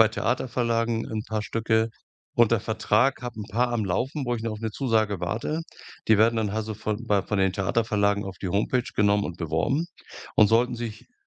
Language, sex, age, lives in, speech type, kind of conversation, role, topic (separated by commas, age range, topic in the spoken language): German, male, 65-69, Germany, conversation, podcast, guest, Welche kleinen Übungen helfen dir dabei, festgefahrene Ideen wieder zu lockern?